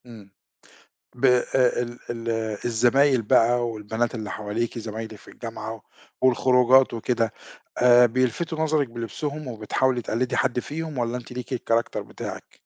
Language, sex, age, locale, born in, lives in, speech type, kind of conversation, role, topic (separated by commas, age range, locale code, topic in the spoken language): Arabic, male, 50-54, ar-EG, Egypt, Portugal, conversation, podcast, host, بتلبس عشان نفسك ولا عشان الناس؟